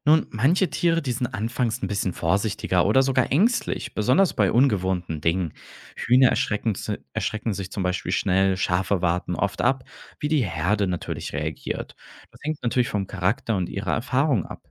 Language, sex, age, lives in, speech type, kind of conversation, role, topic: German, male, 25-29, Germany, read, expert-assistant, expert, Wie kann ich am besten herausfinden, was Tieren auf einem Bauernhof wirklich guttut?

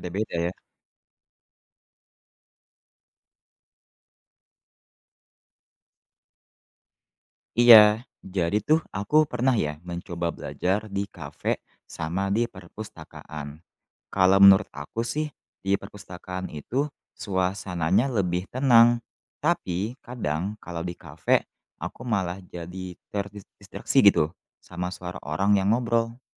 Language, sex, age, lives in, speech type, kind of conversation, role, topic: Indonesian, male, 20-24, Indonesia, read, expert-assistant, asker, Bagaimana cara mengatasi rasa malas belajar?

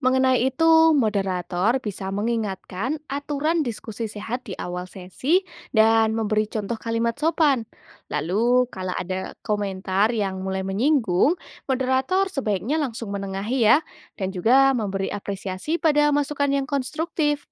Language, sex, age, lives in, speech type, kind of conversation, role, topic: Indonesian, female, 20-24, Indonesia, read, expert-assistant, expert, Bagaimana memastikan suara orang tua dan guru didengar dalam pengambilan keputusan pendidikan?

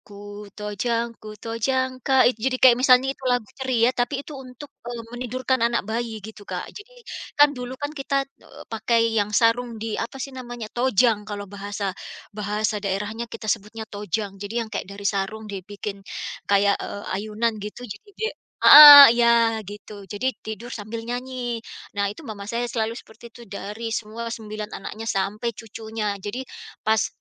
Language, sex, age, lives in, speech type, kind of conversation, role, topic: Indonesian, female, 45-49, United States, conversation, podcast, guest, Bagaimana upacara atau tradisi keluarga memengaruhi lagu-lagu yang kamu sukai?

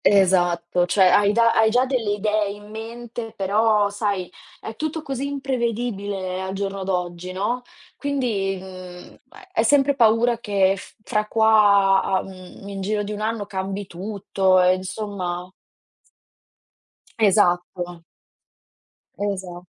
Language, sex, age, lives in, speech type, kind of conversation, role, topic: Italian, female, 20-24, Italy, conversation, unstructured, none, Come immagini la tua vita tra cinque anni?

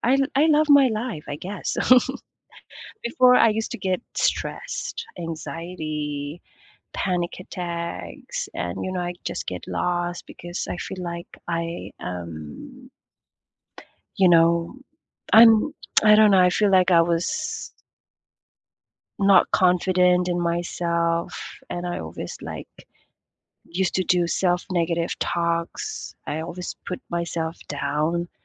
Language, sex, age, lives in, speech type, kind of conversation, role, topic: English, female, 25-29, United States, conversation, unstructured, none, What’s the most unexpected thing you’ve learned from a hobby?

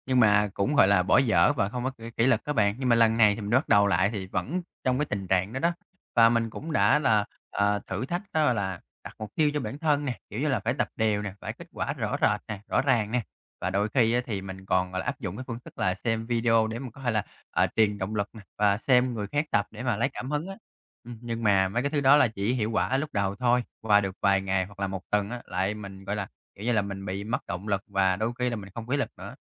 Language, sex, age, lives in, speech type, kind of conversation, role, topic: Vietnamese, male, 30-34, Vietnam, conversation, advice, user, Làm sao tôi có thể duy trì động lực và kỷ luật để biến mục tiêu lớn thành hành động?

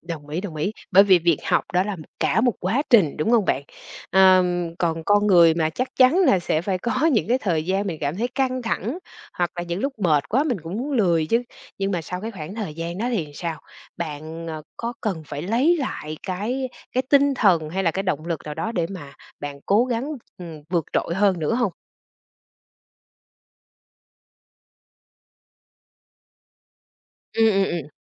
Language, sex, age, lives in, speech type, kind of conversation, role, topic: Vietnamese, female, 45-49, Vietnam, conversation, podcast, host, Làm sao để giữ động lực học tập lâu dài?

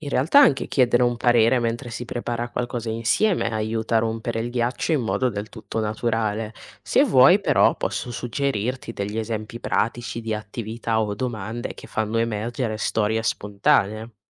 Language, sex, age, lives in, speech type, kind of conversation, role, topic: Italian, female, 20-24, Italy, read, expert-assistant, expert, Come si può organizzare una mostra di arte popolare che coinvolga davvero tutti?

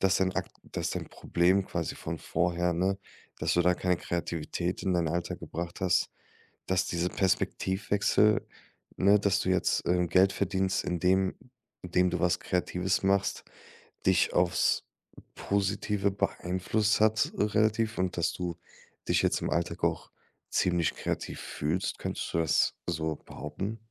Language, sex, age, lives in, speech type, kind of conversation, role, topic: German, male, 25-29, Germany, conversation, podcast, host, Was bedeutet Kreativität für dein persönliches Wachstum?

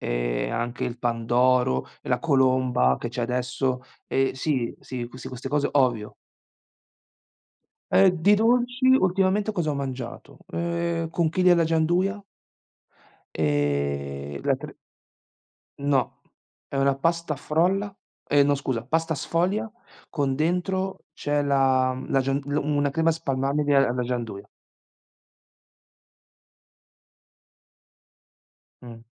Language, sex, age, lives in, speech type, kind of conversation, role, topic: Italian, male, 40-44, Italy, conversation, unstructured, none, Che ruolo ha il cibo nelle tue tradizioni familiari?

